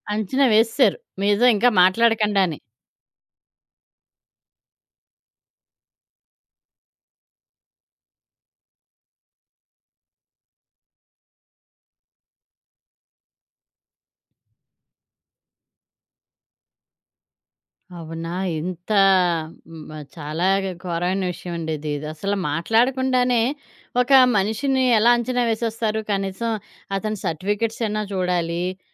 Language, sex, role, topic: Telugu, female, host, నీ దుస్తుల ద్వారా నువ్వు ఏ రకంగా కనిపించాలని కోరుకుంటావు?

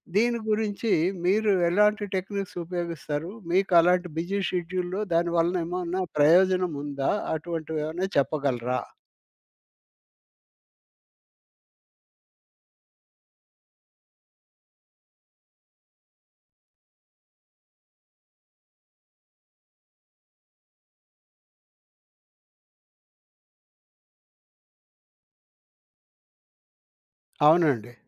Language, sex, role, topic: Telugu, male, host, బిజీ షెడ్యూల్ ఉన్న రోజుల్లో మీరు ఉపయోగించే చిన్న మైండ్‌ఫుల్ పద్ధతులు ఏమిటి?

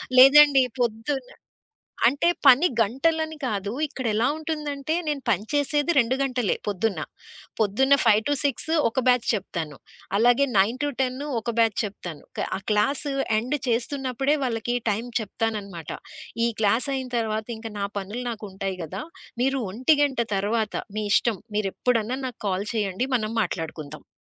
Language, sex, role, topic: Telugu, female, guest, మీ పని మీకు కుటుంబంలా అనిపిస్తుందా, లేక అది కేవలం వృత్తేనా?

